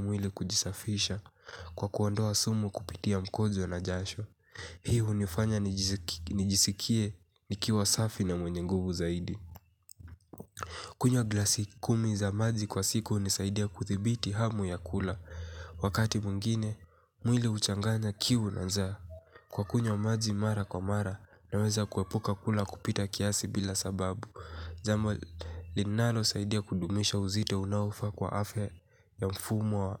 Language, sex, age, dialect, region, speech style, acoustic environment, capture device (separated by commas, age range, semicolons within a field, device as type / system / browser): Swahili, male, 18-24, Kenya - Mombasa, Kenya, relaxed, silence, mobile / Linux / Chrome